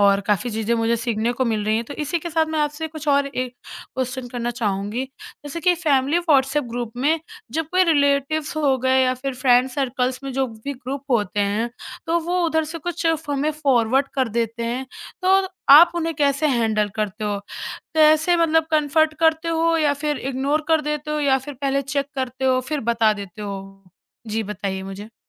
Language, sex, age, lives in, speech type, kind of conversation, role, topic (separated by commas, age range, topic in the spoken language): Hindi, male, 30-34, India, conversation, podcast, host, Fake news aur spam se bachne ke liye tum kaunsi aadatein apnate ho?